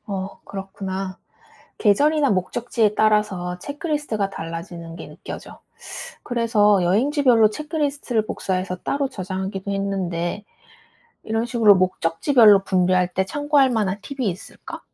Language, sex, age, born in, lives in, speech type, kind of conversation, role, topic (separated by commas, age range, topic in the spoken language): Korean, female, 30-34, South Korea, United States, read, expert-assistant, asker, 여행 계획을 더 효율적으로 세우려면 어떤 습관이나 방법이 도움이 될까요?